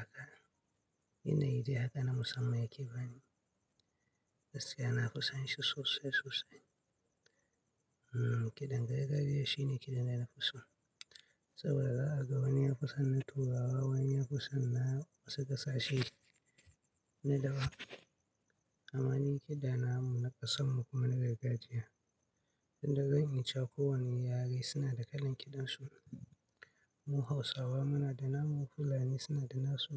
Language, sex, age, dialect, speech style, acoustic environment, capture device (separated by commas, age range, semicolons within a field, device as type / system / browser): Hausa, male, 25-34, Nigeria - Katsina, relaxed; stressed; excited; focused; nervous; happy; anxious; tired, silence; nature; appliances; construction; traffic; people talking; music, mobile / Linux / Chrome